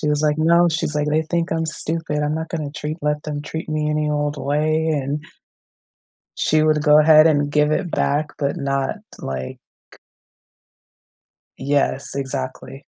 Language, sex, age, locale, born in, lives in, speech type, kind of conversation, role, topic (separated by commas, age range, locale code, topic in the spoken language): English, female, 35-39, en-US, United States, United States, conversation, unstructured, none, What is the happiest birthday you remember, and what made it special?